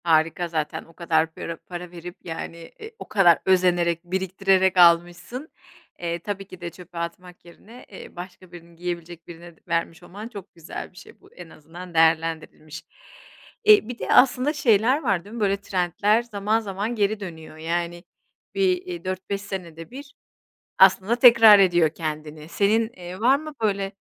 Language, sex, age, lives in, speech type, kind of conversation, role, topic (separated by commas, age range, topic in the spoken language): Turkish, female, 40-44, Spain, conversation, podcast, host, Trendlerle özgünlüğü nasıl dengeliyorsun?